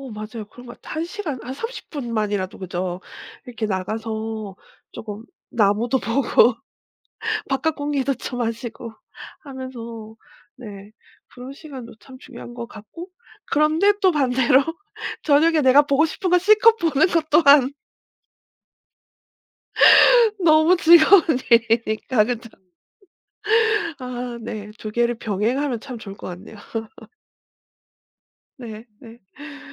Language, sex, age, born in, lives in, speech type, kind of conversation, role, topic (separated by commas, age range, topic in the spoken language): Korean, female, 40-44, South Korea, South Korea, conversation, podcast, host, 언제 시간을 잘 보냈다고 느끼세요?